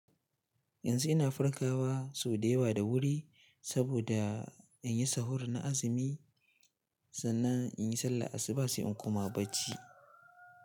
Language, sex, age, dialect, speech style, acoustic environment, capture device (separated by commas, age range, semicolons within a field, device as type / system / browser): Hausa, male, 18-24, Nigeria - Katsina, happy, silence, mobile / Linux / Chrome